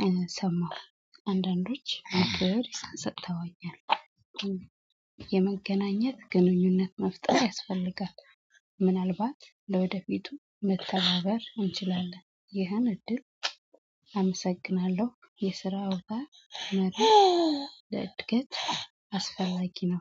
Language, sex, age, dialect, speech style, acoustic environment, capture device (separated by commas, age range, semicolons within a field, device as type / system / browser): Amharic, female, 25-34, Ethiopia - Addis Ababa, happy, people talking, mobile / Android / Chrome